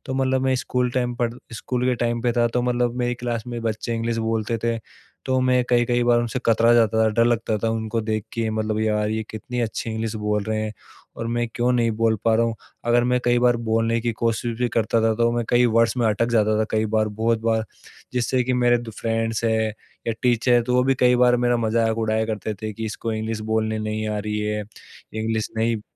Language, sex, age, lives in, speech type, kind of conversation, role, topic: Hindi, male, 25-29, India, conversation, podcast, guest, Tumne khud se koi nayi kala kaise seekhna shuru kiya tha?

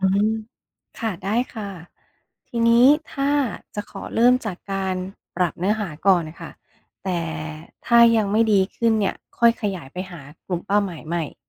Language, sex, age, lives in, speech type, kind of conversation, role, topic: Thai, female, 40-44, United States, read, expert-assistant, asker, ทำไมยอดไลก์ในเพจเฟซบุ๊กของเราลดลงกะทันหัน? มีปัจจัยอะไรที่ควรตรวจสอบบ้าง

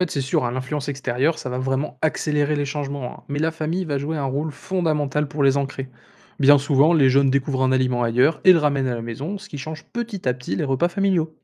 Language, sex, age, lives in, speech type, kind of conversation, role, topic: French, male, 20-24, France, read, expert-assistant, expert, Pourquoi certains aliments considérés comme sûrs dans un pays sont-ils interdits ou perçus comme dangereux dans un autre ?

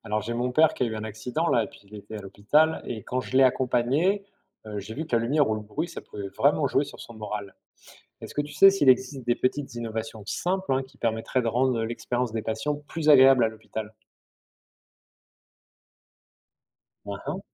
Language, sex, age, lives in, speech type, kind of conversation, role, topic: French, male, 45-49, France, read, expert-assistant, asker, Quelles petites innovations pourraient améliorer l’expérience des patients à l’hôpital ?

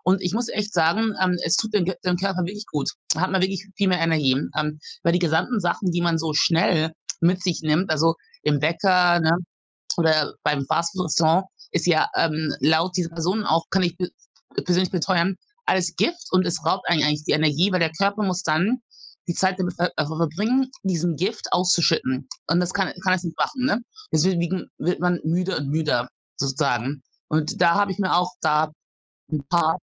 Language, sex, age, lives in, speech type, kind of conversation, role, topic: German, female, 40-44, Germany, conversation, advice, advisor, Welche Schwierigkeiten hast du dabei, neue Routinen aufzubauen?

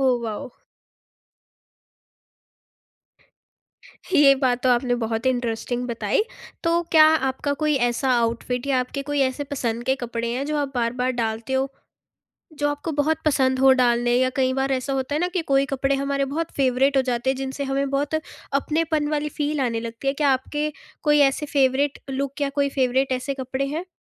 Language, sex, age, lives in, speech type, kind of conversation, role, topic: Hindi, female, 18-19, India, conversation, podcast, host, Kya aapne kabhi apne kapdon ya apne look se apni pehchaan dikhayi hai?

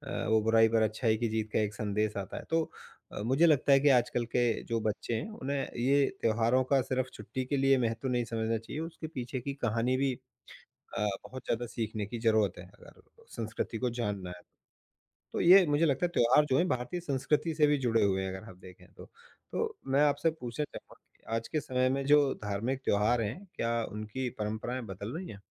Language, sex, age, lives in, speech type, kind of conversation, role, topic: Hindi, male, 35-39, India, conversation, unstructured, none, धार्मिक त्योहारों का हमारे जीवन में क्या महत्व है?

